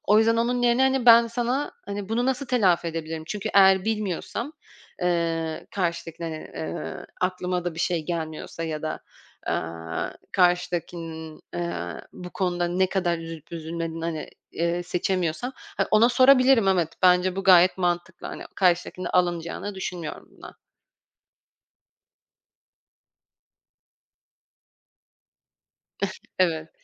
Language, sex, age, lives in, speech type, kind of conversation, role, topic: Turkish, female, 25-29, France, conversation, podcast, guest, Birine nasıl içten özür dilersin?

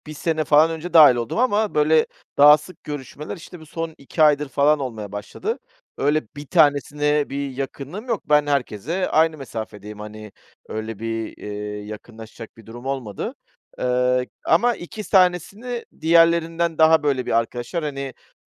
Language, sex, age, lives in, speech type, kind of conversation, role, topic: Turkish, male, 40-44, Greece, conversation, advice, user, Yeni biriyle arkadaş grubuna katıldığında uyum sağlamakta hangi zorlukları yaşıyorsun?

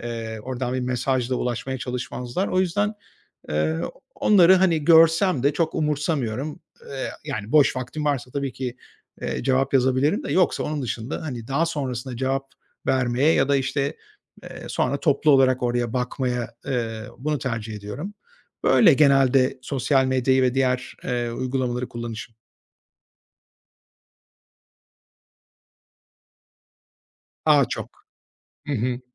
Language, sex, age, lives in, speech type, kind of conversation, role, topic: Turkish, male, 45-49, Spain, conversation, advice, user, Telefon bildirimlerinin sürekli dikkatimi dağıtması sizi nasıl etkiliyor?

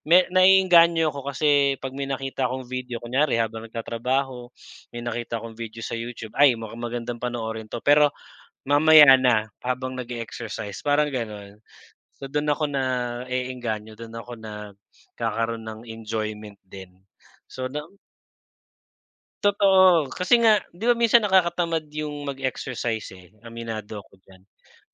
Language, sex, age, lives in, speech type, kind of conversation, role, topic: Filipino, male, 40-44, Philippines, conversation, unstructured, none, Paano makatutulong ang palakasan sa kalusugan ng isip?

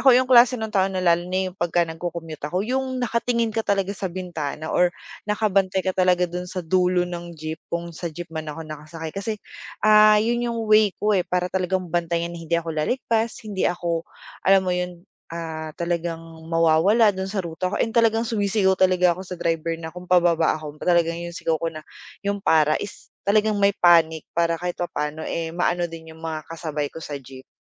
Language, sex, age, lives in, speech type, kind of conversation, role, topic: Filipino, female, 25-29, Philippines, conversation, podcast, guest, Paano mo hinaharap ang mga distraksiyon habang nagsasanay ka ng pagkamalay sa labas?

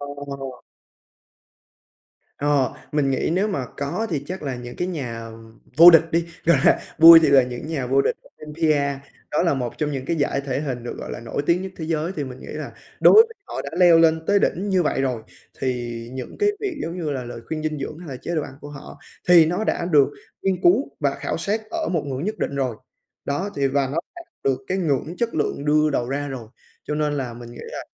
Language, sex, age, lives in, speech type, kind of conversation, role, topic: Vietnamese, male, 20-24, Vietnam, conversation, advice, user, Làm sao tôi có thể bớt bối rối và chọn lọc lời khuyên dinh dưỡng đáng tin cậy khi trên mạng có quá nhiều thông tin mâu thuẫn?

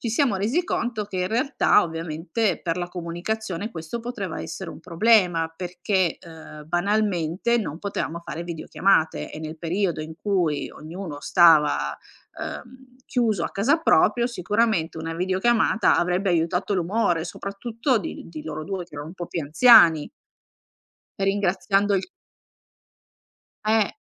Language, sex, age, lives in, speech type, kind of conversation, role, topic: Italian, female, 45-49, Italy, conversation, podcast, guest, Come gestiscono le famiglie l’uso della tecnologia nel rapporto tra nonni e nipoti?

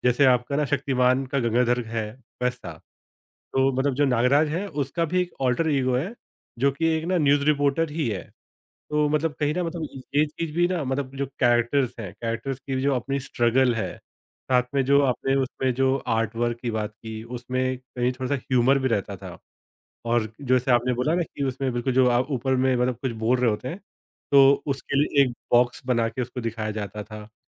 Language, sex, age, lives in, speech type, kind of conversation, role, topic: Hindi, male, 30-34, India, conversation, podcast, guest, Tumhari pehli pasandida kitab ya comic kaunsi thi?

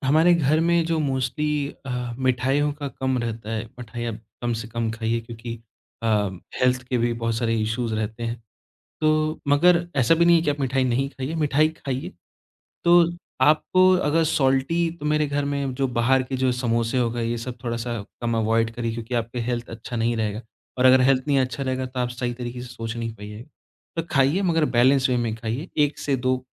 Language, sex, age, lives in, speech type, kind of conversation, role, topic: Hindi, male, 25-29, India, conversation, podcast, guest, Kya aapko meetha-namkeen combination pasand hai, aur kyun?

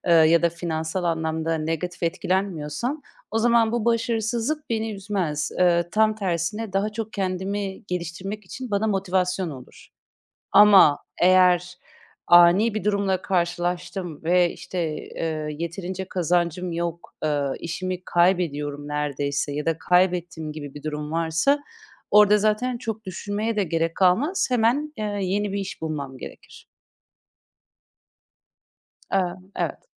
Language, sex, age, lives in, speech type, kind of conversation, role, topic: Turkish, female, 30-34, Netherlands, conversation, podcast, guest, Bugün senin için başarı ne anlama geliyor?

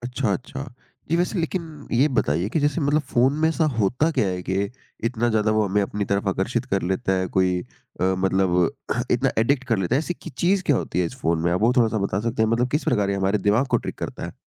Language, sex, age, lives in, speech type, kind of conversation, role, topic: Hindi, male, 55-59, India, conversation, podcast, host, Kaise pata chale ki phone ka use normal hai ya addiction ban raha hai?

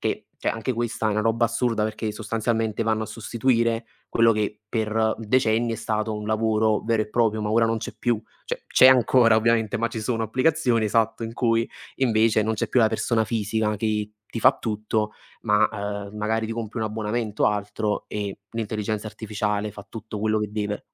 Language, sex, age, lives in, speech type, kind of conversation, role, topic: Italian, male, 20-24, Italy, conversation, unstructured, none, Che cosa ti entusiasma di più delle nuove tecnologie?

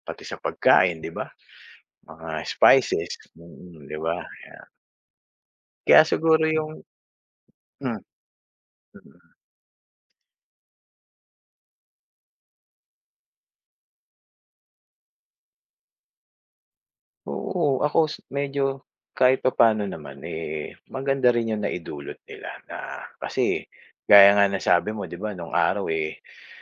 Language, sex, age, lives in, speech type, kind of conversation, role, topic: Filipino, male, 45-49, Philippines, conversation, unstructured, none, Ano ang naging epekto ng kolonisasyon sa kultura ng Pilipinas?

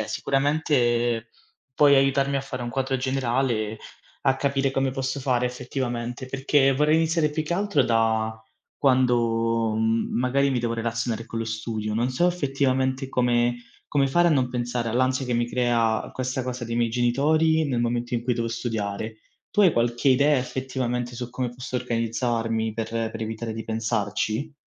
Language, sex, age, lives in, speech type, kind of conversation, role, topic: Italian, male, 25-29, Italy, conversation, advice, user, Come posso gestire l’ansia per le aspettative dei miei genitori sui miei risultati?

